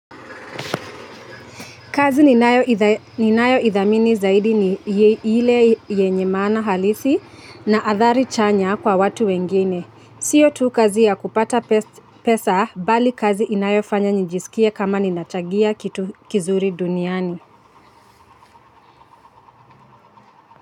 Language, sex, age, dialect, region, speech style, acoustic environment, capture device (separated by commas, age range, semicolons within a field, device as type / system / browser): Swahili, female, 25-34, Kenya - Nairobi Sheng-influenced, Kenya, happy, traffic, mobile / Linux / Chrome